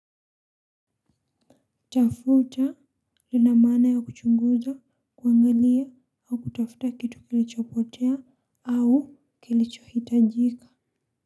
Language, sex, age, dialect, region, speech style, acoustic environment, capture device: Swahili, female, 18-24, Kenya - Mombasa, Kenya, happy, silence, mobile / Linux / Chrome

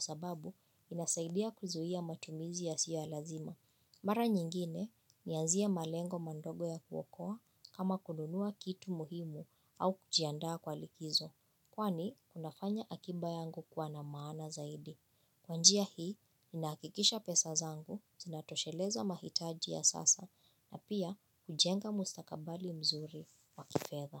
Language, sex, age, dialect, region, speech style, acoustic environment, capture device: Swahili, female, 25-34, Kenya - Nairobi Sheng-influenced, Kenya, focused, silence, mobile / Linux / Chrome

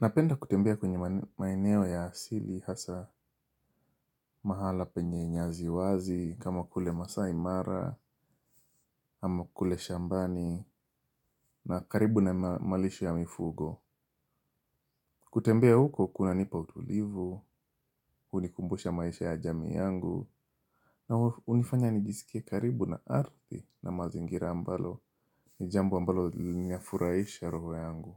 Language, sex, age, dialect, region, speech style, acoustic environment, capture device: Swahili, male, 25-34, Kenya - Mombasa, Kenya, happy; relaxed, silence, mobile / Linux / Chrome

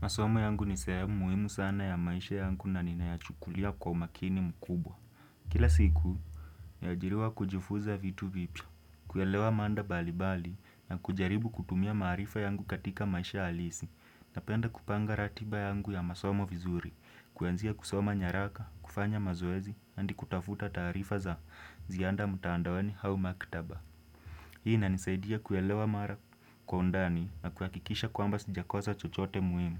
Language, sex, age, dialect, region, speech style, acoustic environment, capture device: Swahili, male, 18-24, Kenya - Nairobi Sheng-influenced, Kenya, relaxed, silence, desktop / Windows / Chrome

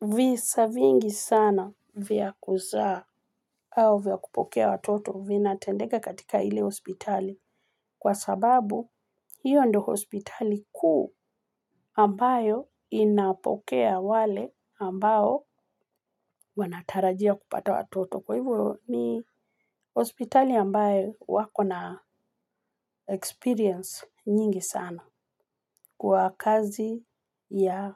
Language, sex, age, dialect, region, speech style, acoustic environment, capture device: Swahili, female, 45-54, Kenya - Nairobi Sheng-influenced, Kenya, relaxed, nature, mobile / Linux / Chrome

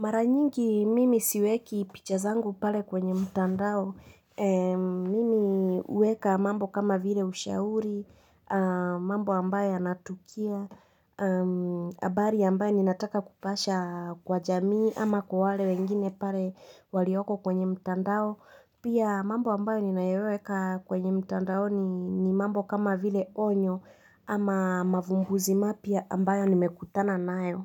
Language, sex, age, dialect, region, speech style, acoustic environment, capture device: Swahili, female, 25-34, Kenya - Nairobi Sheng-influenced, Kenya, anxious, nature, mobile / Linux / Chrome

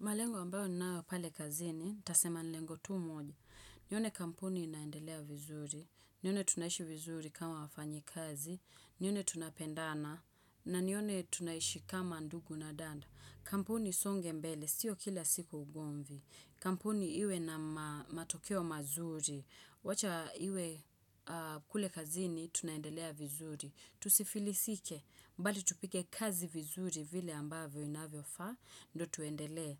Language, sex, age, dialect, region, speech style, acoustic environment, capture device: Swahili, female, 25-34, Kenya - Nairobi Sheng-influenced, Kenya, happy; relaxed; excited, silence, desktop / Windows / Chrome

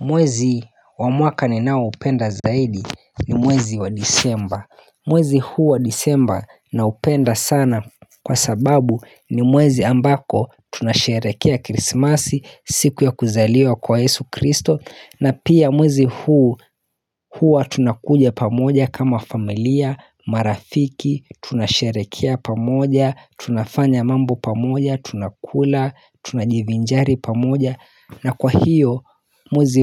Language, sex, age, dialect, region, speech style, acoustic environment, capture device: Swahili, male, 25-34, Kenya - Mombasa, Kenya, happy; focused, nature; silence, mobile / Linux / Chrome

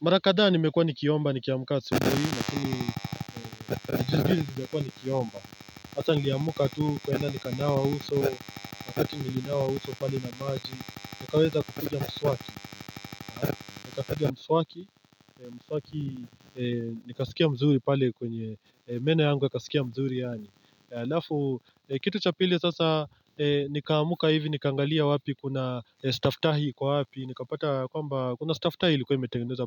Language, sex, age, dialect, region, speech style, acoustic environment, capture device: Swahili, male, 25-34, Kenya - Mombasa, Kenya, happy; relaxed; focused, nature, mobile / Linux / Chrome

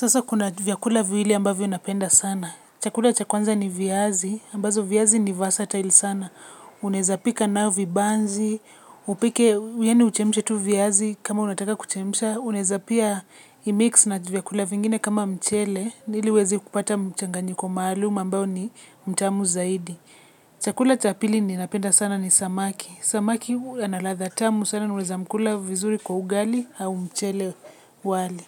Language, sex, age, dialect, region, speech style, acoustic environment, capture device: Swahili, female, 25-34, Kenya - Nairobi Sheng-influenced, Kenya, relaxed; focused; excited, silence; people talking, mobile / Linux / Chrome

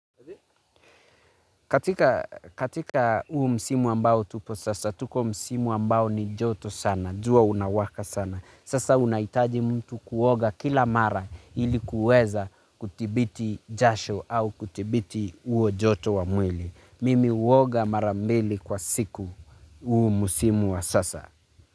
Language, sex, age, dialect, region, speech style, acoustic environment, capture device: Swahili, male, 25-34, Kenya - Nairobi Sheng-influenced, Kenya, focused, nature, mobile / Linux / Chrome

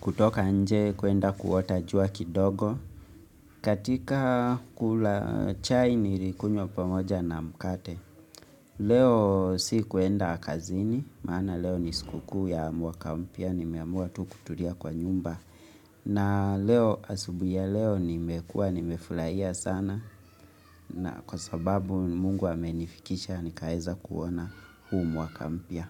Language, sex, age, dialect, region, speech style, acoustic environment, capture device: Swahili, male, 25-34, Kenya - Nairobi Sheng-influenced, Kenya, relaxed; focused; excited; happy, silence, mobile / Linux / Chrome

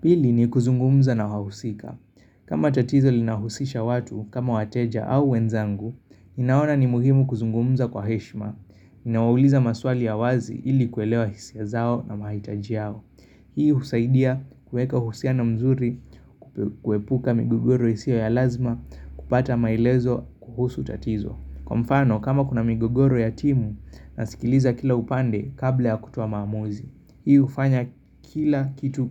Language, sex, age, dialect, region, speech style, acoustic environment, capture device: Swahili, male, 25-34, Kenya - Nairobi Sheng-influenced, Kenya, tired; focused; excited, construction; nature; appliances, mobile / Linux / Chrome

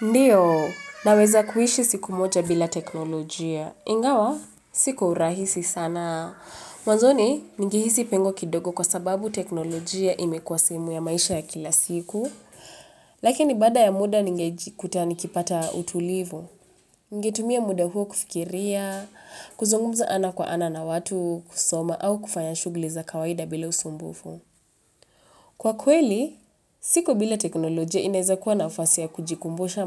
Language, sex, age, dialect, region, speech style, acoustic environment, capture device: Swahili, female, 25-34, Kenya - Nairobi Sheng-influenced, Kenya, relaxed; focused, appliances; nature, mobile / Linux / Chrome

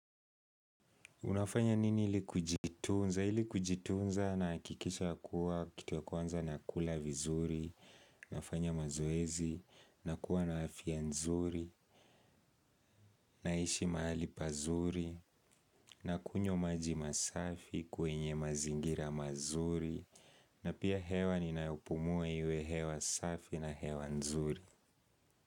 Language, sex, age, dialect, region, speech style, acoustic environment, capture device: Swahili, male, 18-24, Kenya - Nairobi Sheng-influenced, Kenya, focused, people talking; nature, mobile / Linux / Chrome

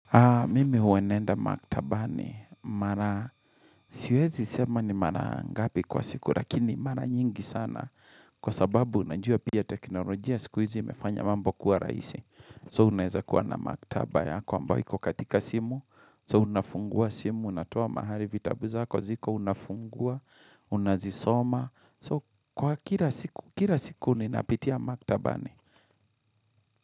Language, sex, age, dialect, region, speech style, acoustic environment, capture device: Swahili, male, 35-44, Kenya - Kiambu, Kenya, nervous, nature, mobile / Linux / Chrome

